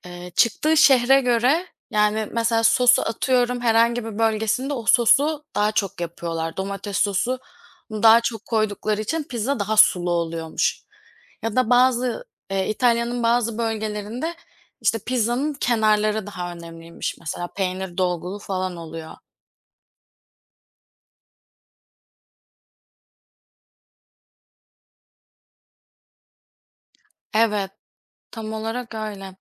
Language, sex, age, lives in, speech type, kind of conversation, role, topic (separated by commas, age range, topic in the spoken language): Turkish, female, 25-29, Poland, conversation, unstructured, none, Hangi yemek kültürü seni en çok etkiledi?